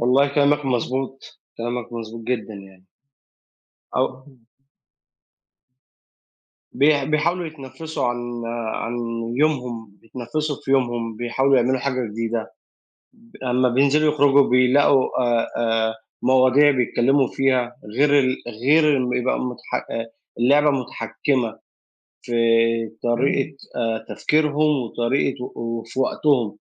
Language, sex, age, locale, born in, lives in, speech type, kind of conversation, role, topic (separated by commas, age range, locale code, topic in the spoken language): Arabic, male, 35-39, ar-EG, Egypt, Egypt, conversation, unstructured, none, إزاي أثرت الثورة العلمية على حياتنا اليومية؟